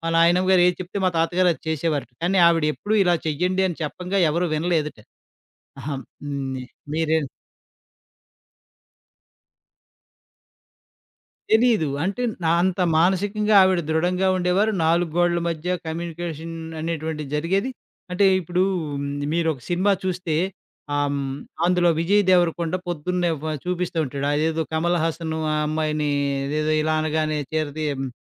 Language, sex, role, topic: Telugu, male, guest, ఎవరైనా మీ ఫోన్‌ పరిశీలించాలని అడిగితే మీరు ఎలా స్పందిస్తారు?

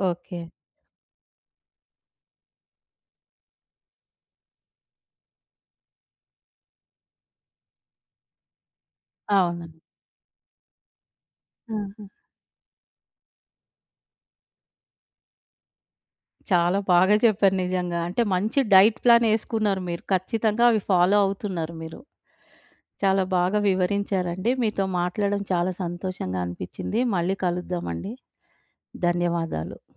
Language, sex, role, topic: Telugu, female, host, మీరు రోజంతా ఏమేమి తింటారు?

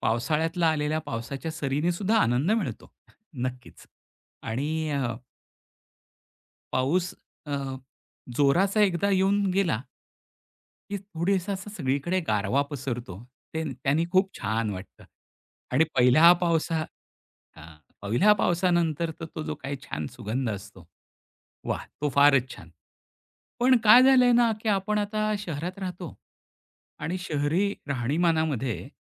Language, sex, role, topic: Marathi, male, guest, पावसाळा कधी आनंद देतो आणि कधी त्रास देतो?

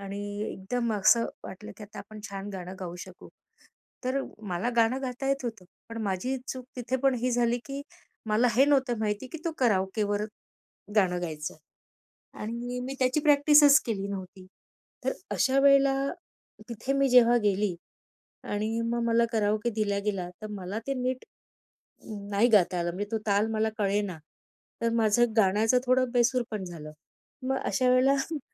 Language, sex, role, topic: Marathi, female, guest, अपयशाला शिकण्याची संधी तुम्ही कशी बनवता?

